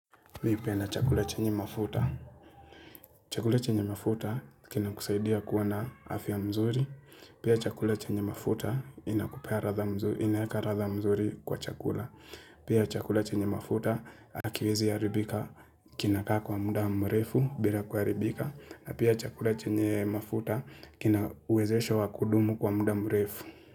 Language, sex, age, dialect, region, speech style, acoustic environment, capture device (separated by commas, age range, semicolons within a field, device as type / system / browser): Swahili, male, 25-34, Kenya - Nairobi Sheng-influenced, Kenya, relaxed, silence, mobile / Linux / Chrome